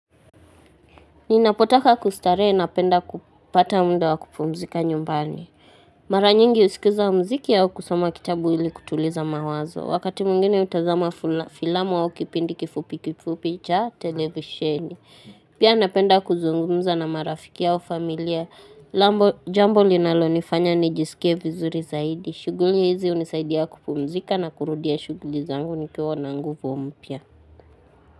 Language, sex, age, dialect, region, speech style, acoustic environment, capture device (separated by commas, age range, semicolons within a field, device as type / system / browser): Swahili, female, 18-24, Kenya - Nairobi Sheng-influenced, Kenya, excited, silence, mobile / Linux / Chrome